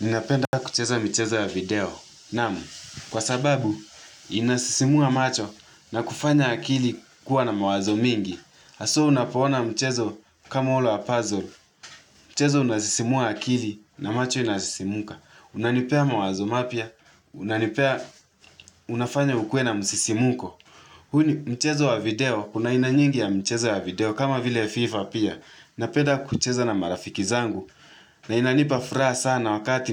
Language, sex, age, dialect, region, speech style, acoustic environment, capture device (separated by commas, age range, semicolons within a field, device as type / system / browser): Swahili, male, 18-24, Kenya - Nairobi Sheng-influenced, Kenya, relaxed, nature, mobile / Linux / Chrome